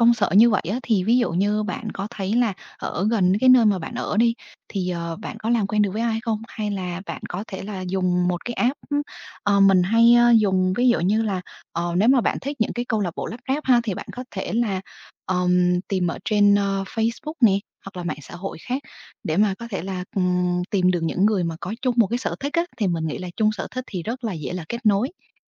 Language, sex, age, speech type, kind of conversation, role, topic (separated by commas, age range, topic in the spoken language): Vietnamese, female, 25-29, conversation, advice, advisor, Làm thế nào để xây dựng mạng lưới quan hệ xã hội mới khi tôi đã mất đi những mối quan hệ bạn bè cũ?